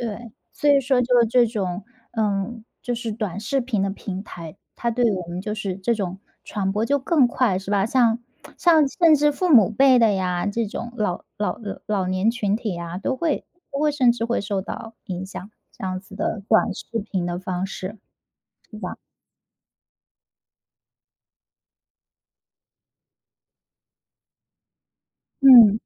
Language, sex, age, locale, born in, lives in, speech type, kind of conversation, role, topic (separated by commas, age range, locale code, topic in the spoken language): Chinese, female, 30-34, zh-CN, China, United States, conversation, podcast, host, 社交媒体改变你对成功的看法了吗？